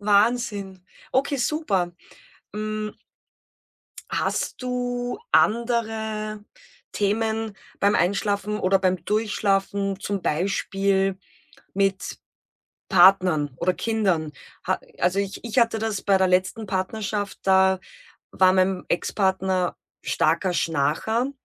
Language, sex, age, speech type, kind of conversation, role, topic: German, female, 35-39, conversation, podcast, host, Wie kannst du deine Schlafumgebung optimal einstellen?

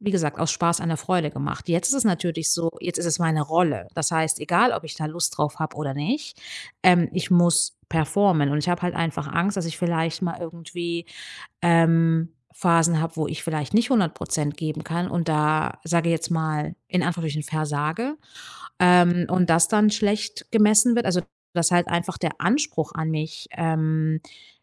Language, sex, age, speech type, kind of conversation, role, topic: German, female, 35-39, conversation, advice, user, Wie beschreibst du deine neue Rolle als Teamleiterin oder Teamleiter?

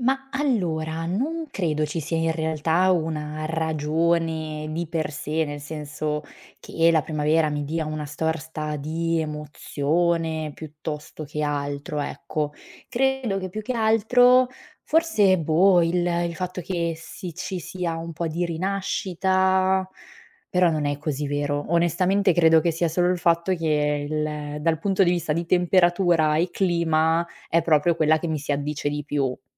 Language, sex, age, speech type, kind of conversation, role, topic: Italian, female, 25-29, conversation, podcast, guest, Quali segnali nella natura ti fanno capire che sta arrivando la primavera?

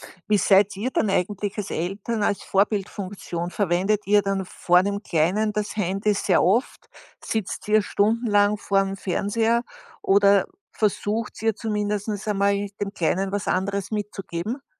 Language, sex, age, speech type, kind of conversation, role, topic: German, female, 60-64, conversation, podcast, host, Wie kontrollierst du die Bildschirmzeit deiner Kinder?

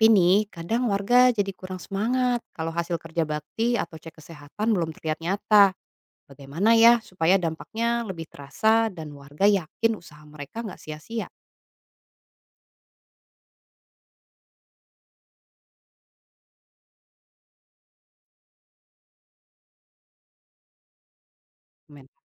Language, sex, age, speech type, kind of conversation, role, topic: Indonesian, female, 35-39, read, expert-assistant, asker, Bagaimana kita bisa mempersiapkan komunitas menghadapi masalah kesehatan bersama, seperti musim flu atau demam berdarah?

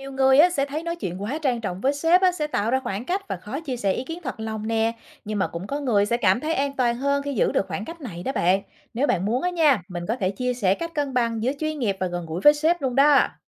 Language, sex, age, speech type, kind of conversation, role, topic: Vietnamese, female, 35-39, read, expert-assistant, expert, Bạn có thể kể một ví dụ đời thường về cách mọi người thay đổi cách nói chuyện khi nói chuyện với bạn bè so với khi nói chuyện với sếp không?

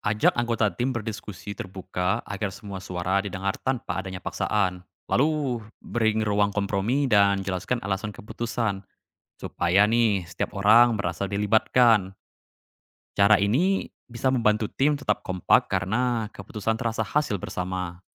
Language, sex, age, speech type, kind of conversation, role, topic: Indonesian, male, 20-24, read, expert-assistant, expert, Kalau harus memilih, mana yang lebih penting di berita: cepat memberitakan atau memastikan informasinya benar-benar akurat?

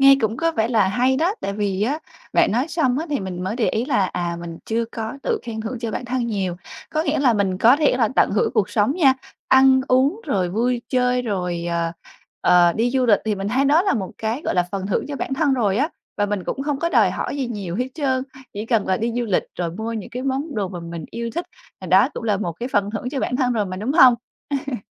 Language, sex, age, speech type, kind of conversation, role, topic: Vietnamese, female, 25-29, conversation, advice, user, Làm sao để quản lý sự thất vọng và giữ kiên nhẫn khi tiến trình của tôi diễn ra chậm?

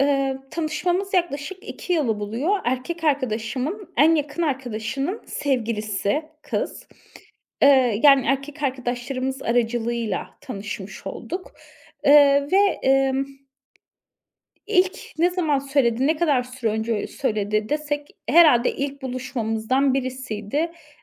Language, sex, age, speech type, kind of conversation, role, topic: Turkish, female, 30-34, conversation, advice, user, Kıyafet ve görünüş seçimlerimin başkaları tarafından yargılanmasından neden korkuyorum?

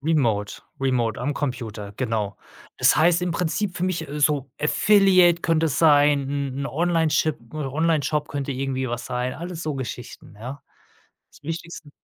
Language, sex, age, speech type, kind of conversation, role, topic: German, male, 35-39, conversation, podcast, guest, Wie triffst du bei Karriereentscheidungen die Wahl zwischen einem kurzfristigen Job und einer langfristigen Vision?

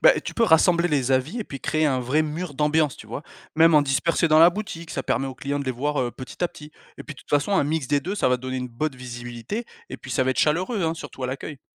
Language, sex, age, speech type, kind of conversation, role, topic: French, male, 35-39, read, expert-assistant, expert, Comment une petite entreprise pourrait-elle se démarquer face à de grands concurrents ?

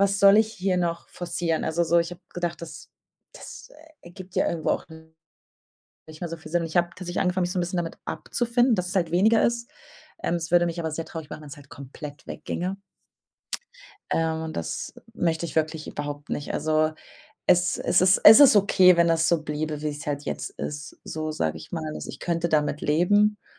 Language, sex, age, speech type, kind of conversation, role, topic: German, female, 25-29, conversation, advice, user, Wie hat ein Umzug oder ein neuer Job deine alten Freundschaften auseinandergebracht?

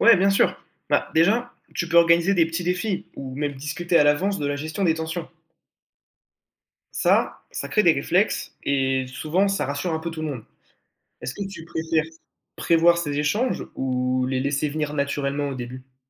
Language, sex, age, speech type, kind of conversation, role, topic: French, male, 18-19, read, expert-assistant, expert, Créer ou reprendre une entreprise : quelles sont les vraies différences ?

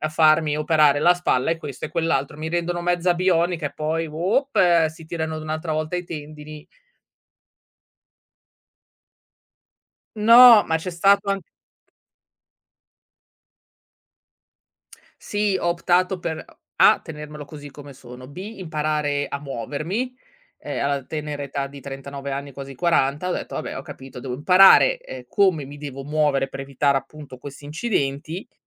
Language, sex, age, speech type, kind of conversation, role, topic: Italian, female, 35-39, conversation, podcast, guest, Puoi raccontarmi di una volta in cui hai superato una grande difficoltà?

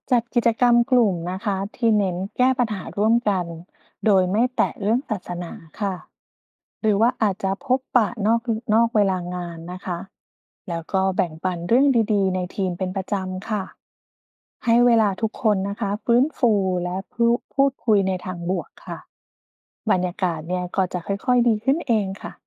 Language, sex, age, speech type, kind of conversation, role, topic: Thai, female, 40-44, read, expert-assistant, expert, จะรับมืออย่างไรเมื่อต้องทำงานหรือใช้ชีวิตร่วมกับคนที่มีความเชื่อทางศาสนาต่างจากเราอย่างลึกซึ้ง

